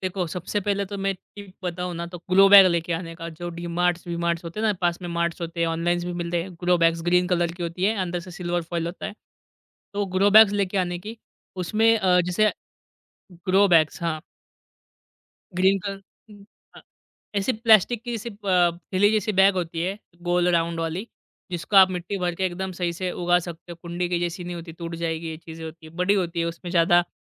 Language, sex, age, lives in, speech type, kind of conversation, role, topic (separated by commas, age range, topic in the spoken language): Hindi, male, 25-29, India, conversation, podcast, guest, Kaise rooftop aur balcony gardens start karein, basic tips?